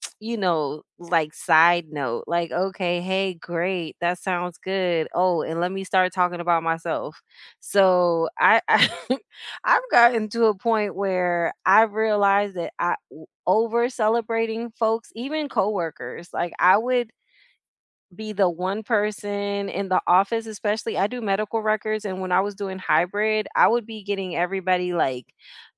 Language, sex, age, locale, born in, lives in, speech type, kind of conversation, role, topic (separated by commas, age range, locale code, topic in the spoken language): English, female, 40-44, en-US, United States, United States, conversation, unstructured, none, How do you celebrate each other’s wins, big and small?